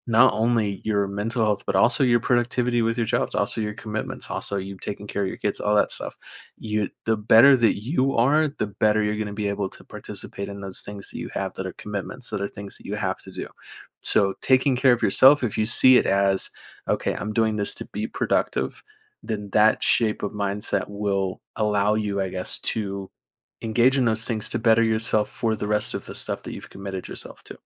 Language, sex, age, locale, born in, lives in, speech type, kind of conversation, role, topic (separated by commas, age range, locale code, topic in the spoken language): English, male, 20-24, en-US, United States, United States, conversation, advice, advisor, How can I break out of a boring daily routine?